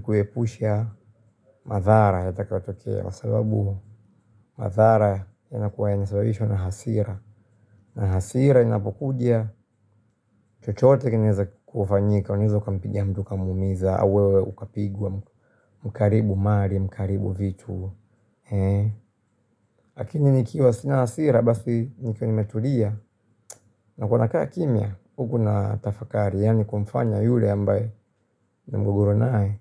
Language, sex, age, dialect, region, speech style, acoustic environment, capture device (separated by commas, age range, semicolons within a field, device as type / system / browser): Swahili, male, 25-34, Tanzania - Dar es Salaam, Tanzania, happy; relaxed, appliances, mobile / Linux / Chrome